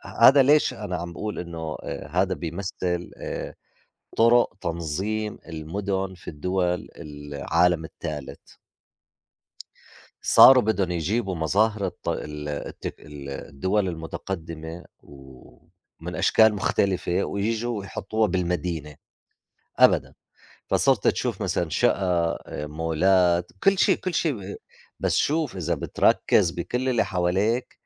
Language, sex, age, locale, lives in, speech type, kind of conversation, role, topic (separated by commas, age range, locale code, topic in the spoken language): Arabic, male, 50-54, ar-JO, United States, conversation, unstructured, none, إنت بتبقى حاسس بإيه لما بتقضي وقت في الطبيعة؟